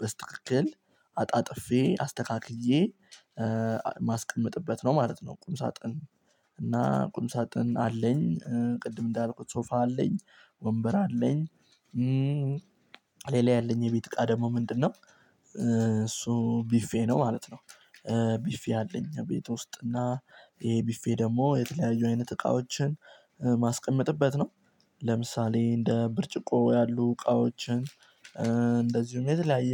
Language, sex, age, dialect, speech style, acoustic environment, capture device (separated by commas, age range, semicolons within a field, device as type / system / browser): Amharic, male, 18-24, Ethiopia - Addis Ababa, focused, silence, mobile / Linux / Chrome